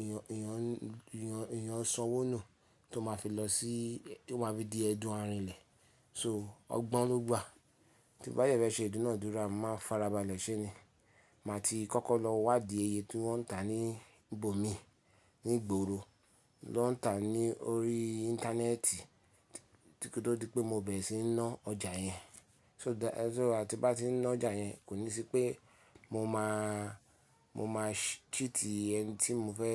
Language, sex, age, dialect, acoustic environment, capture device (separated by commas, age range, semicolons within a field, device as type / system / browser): Yoruba, male, 35-44, Nigeria - Lagos Yoruba, silence, mobile / Linux / Chrome